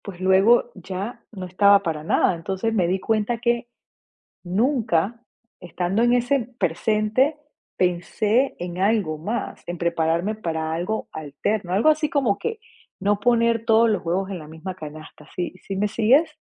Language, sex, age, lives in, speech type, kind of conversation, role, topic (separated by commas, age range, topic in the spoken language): Spanish, female, 45-49, United States, conversation, podcast, guest, ¿Cómo equilibras vivir el presente con planear a largo plazo?